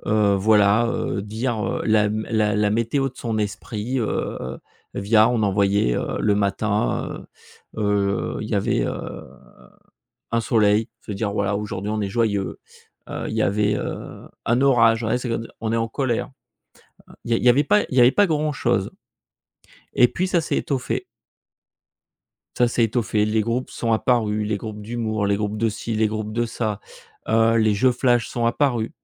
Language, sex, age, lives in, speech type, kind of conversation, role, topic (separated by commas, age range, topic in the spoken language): French, male, 45-49, France, conversation, podcast, guest, Jusqu’à quel point te laisses-tu guider par les recommandations d’algorithmes ?